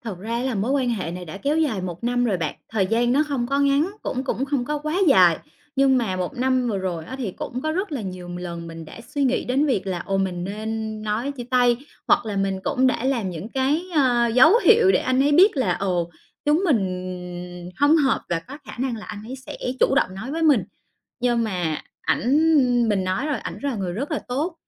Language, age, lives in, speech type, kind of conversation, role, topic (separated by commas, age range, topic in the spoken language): Vietnamese, 30-34, Vietnam, conversation, advice, user, Làm sao để vượt qua cảm giác tội lỗi khi bạn chủ động chia tay và tự an ủi bản thân?